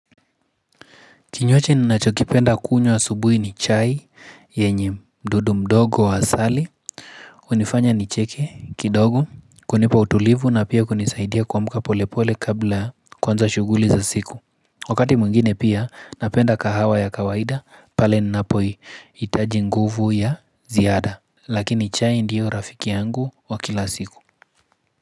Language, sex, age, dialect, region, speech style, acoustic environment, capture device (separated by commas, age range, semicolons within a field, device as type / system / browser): Swahili, male, 25-34, Kenya - Nairobi Sheng-influenced, Kenya, focused, nature, mobile / Linux / Chrome